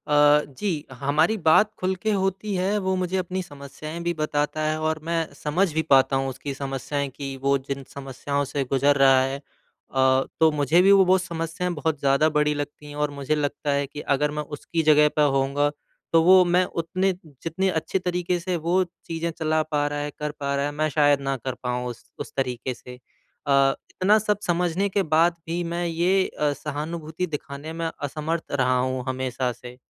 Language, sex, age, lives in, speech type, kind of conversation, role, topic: Hindi, male, 25-29, India, conversation, advice, user, मैं अपने साथी को मुश्किल समय में सहानुभूति और समझ के साथ कैसे समर्थन दे सकता/सकती हूँ?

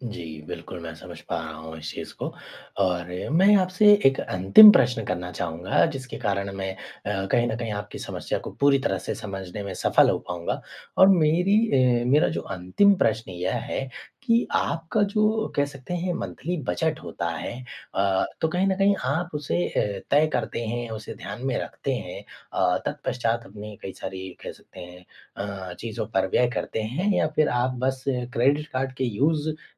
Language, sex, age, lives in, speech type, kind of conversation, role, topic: Hindi, male, 25-29, India, conversation, advice, advisor, मेरे क्रेडिट कार्ड के बिल लगातार बढ़ते जा रहे हैं, मैं क्या करूँ?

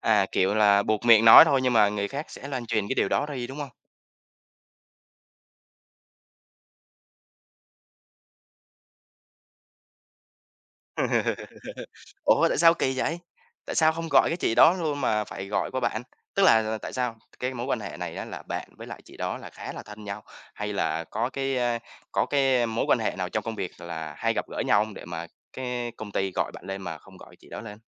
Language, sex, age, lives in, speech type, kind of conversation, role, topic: Vietnamese, male, 25-29, Vietnam, conversation, podcast, host, Bạn có tin rằng có thể thẳng thắn mà vẫn tử tế không?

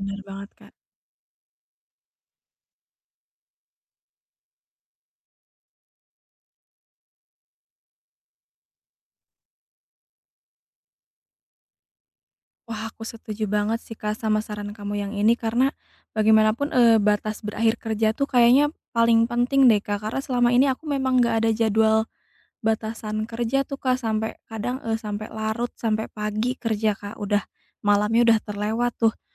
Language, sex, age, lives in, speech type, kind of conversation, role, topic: Indonesian, female, 30-34, Indonesia, conversation, advice, user, Bagaimana cara menyeimbangkan kerja, istirahat, dan waktu pribadi?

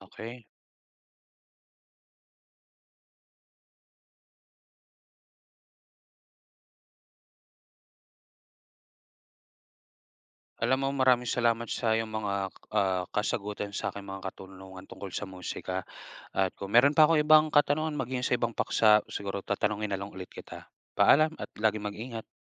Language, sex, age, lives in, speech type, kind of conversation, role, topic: Filipino, male, 30-34, Philippines, read, expert-assistant, asker, Paano nakakatulong ang musika sa pagpapahayag ng damdamin?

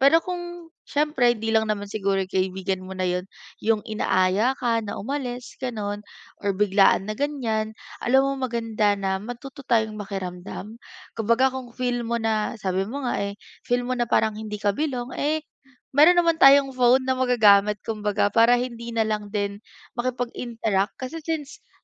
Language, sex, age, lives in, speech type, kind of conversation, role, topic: Filipino, female, 20-24, Philippines, conversation, advice, advisor, Paano ako magiging komportable kapag kasama ko ang grupo ng mga kaibigan ko?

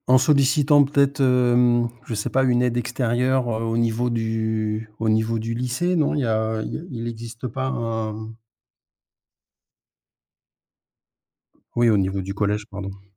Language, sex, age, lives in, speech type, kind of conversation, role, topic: French, male, 50-54, Spain, conversation, advice, advisor, Pouvez-vous décrire une dispute récurrente qui ne trouve jamais de résolution ?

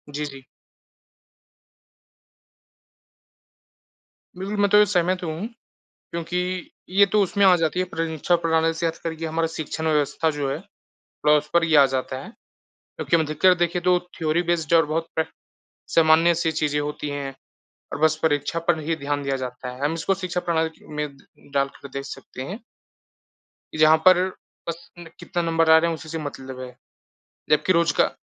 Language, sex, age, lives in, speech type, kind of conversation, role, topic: Hindi, male, 20-24, India, conversation, unstructured, none, क्या वर्तमान परीक्षा प्रणाली छात्रों का सही और निष्पक्ष मूल्यांकन करती है?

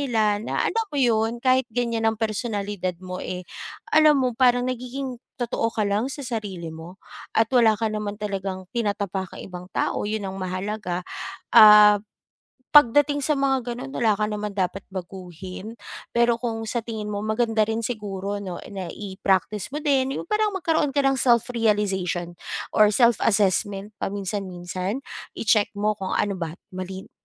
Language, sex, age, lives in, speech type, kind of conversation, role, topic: Filipino, female, 35-39, Philippines, conversation, advice, advisor, Paano ko tatanggapin ang puna nang hindi nagiging depensibo?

